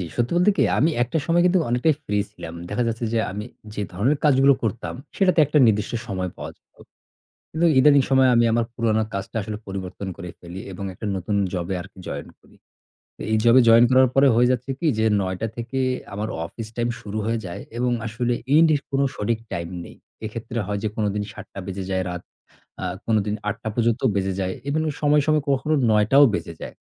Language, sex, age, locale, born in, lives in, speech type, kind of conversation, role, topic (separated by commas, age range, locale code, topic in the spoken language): Bengali, male, 35-39, bn-BD, Bangladesh, Bangladesh, conversation, advice, user, কাজের চাপের মধ্যে ব্যায়ামের সময় বের করা কঠিন